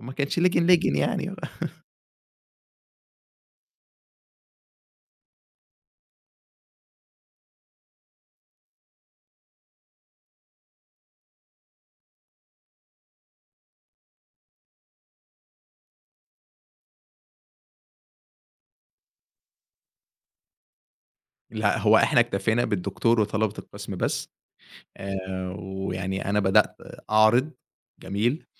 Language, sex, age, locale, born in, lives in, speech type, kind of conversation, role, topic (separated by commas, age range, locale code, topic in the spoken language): Arabic, male, 20-24, ar-EG, Egypt, Egypt, conversation, podcast, guest, إيه أحلى ذكرى مرتبطة بهوايتك؟